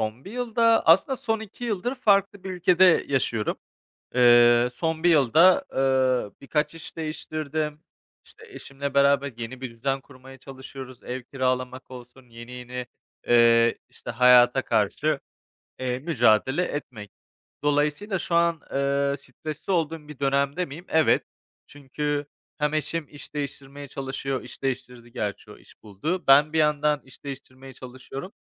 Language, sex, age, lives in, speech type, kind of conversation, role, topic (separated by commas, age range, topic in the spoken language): Turkish, male, 25-29, Spain, conversation, advice, user, Geçmişte yaşadığınız olaylar tetiklendiğinde aniden kontrolsüz duygu patlamaları yaşıyor musunuz?